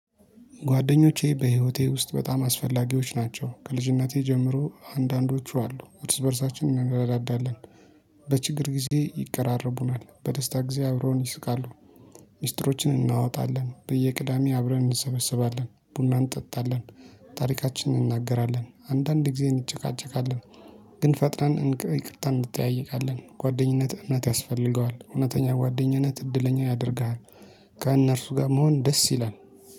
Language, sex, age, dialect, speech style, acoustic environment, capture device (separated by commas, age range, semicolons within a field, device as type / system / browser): Amharic, male, 25-34, Ethiopia - Addis Ababa, happy, people talking, mobile / Linux / Chrome